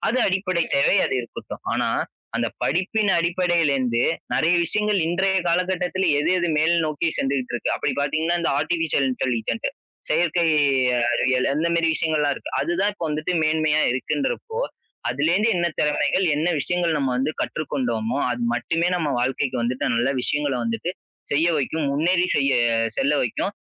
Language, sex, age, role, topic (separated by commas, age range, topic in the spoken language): Tamil, male, 20-24, guest, பெரிய தோல்வி ஒன்று உங்களை எவ்வாறு மாற்றியது?